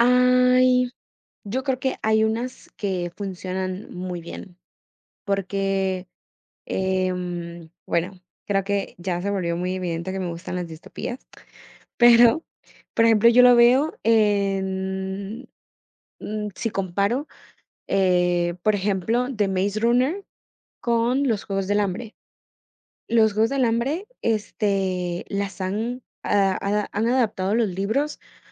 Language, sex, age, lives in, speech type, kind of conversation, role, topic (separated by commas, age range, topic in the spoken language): Spanish, female, 18-19, United States, conversation, unstructured, none, ¿Crees que las películas repetitivas cansan al público?